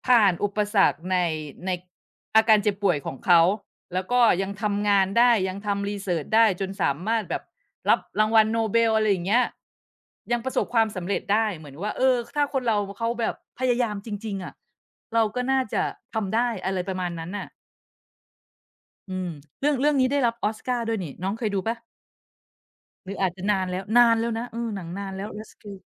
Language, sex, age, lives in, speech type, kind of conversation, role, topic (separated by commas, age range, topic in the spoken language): Thai, female, 45-49, Thailand, conversation, unstructured, none, ภาพยนตร์เรื่องไหนที่เปลี่ยนมุมมองต่อชีวิตของคุณมากที่สุด?